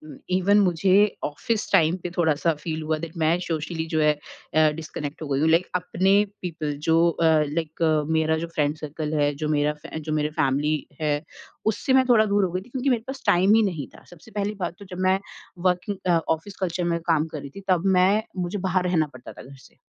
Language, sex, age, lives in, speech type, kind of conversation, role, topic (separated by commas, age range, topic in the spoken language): Hindi, female, 30-34, India, conversation, podcast, guest, Remote kaam aur office culture ko tum kaise balance karte ho?